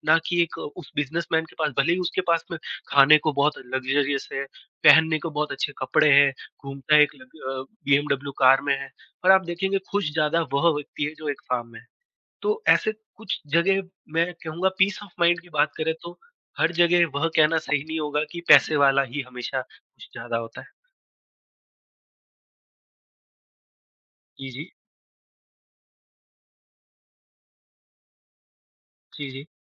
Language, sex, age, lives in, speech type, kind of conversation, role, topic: Hindi, female, 20-24, India, conversation, unstructured, none, क्या पैसे से खुशियाँ खरीदी जा सकती हैं, और आपका इस बारे में क्या मानना है?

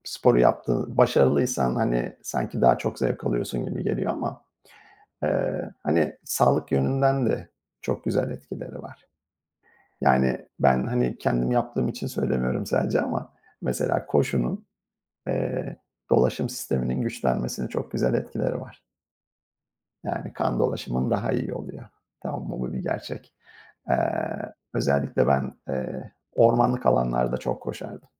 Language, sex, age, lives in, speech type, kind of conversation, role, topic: Turkish, male, 50-54, United States, conversation, unstructured, none, Hangi sporu yapmak seni en çok mutlu ediyor?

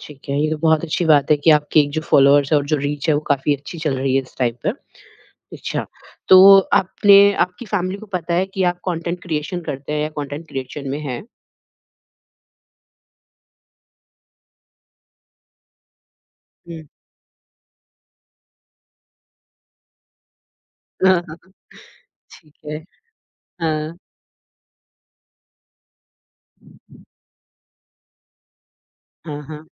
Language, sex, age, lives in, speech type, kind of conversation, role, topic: Hindi, female, 30-34, India, conversation, podcast, host, Tum apne passion ko practical kaise bana rahe ho?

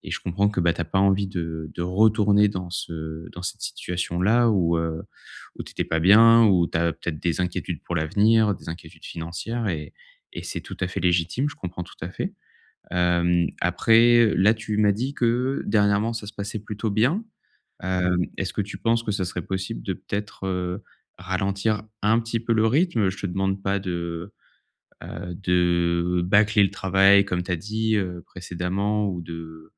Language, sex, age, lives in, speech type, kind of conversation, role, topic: French, male, 30-34, France, conversation, advice, advisor, Comment trouver du temps pour mes passions malgré un emploi du temps chargé ?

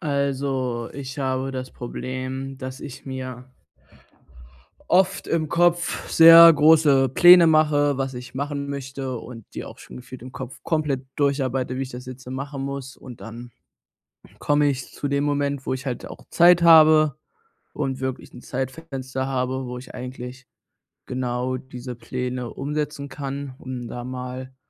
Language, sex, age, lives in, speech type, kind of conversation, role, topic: German, male, 20-24, Germany, conversation, advice, user, Wie kann ich aufhören zu prokrastinieren und wirklich anfangen?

